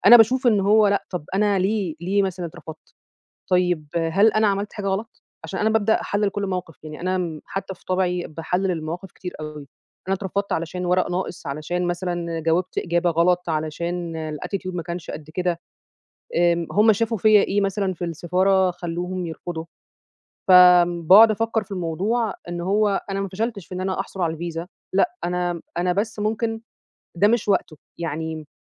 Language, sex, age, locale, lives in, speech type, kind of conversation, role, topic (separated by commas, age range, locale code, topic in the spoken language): Arabic, female, 30-34, ar-EG, Egypt, conversation, podcast, guest, إزاي بتتعامل مع الفشل لما يحصل معاك؟